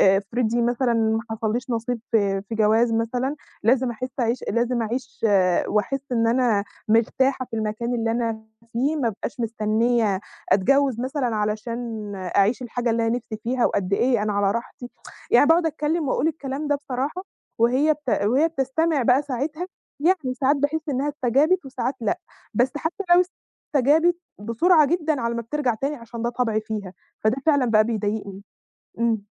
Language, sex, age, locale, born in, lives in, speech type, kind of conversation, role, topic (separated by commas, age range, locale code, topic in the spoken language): Arabic, female, 20-24, ar-EG, Egypt, Egypt, conversation, advice, user, إزاي أتعامل مع النقد المتكرر من حد من العيلة؟